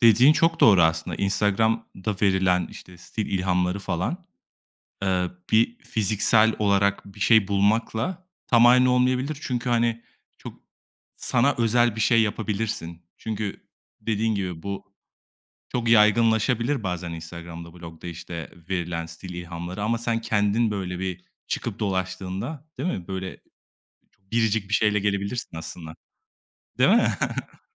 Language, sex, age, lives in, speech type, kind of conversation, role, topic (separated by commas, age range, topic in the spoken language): Turkish, male, 25-29, Italy, conversation, podcast, host, Instagram veya bloglar sana stil ilhamı veriyor mu?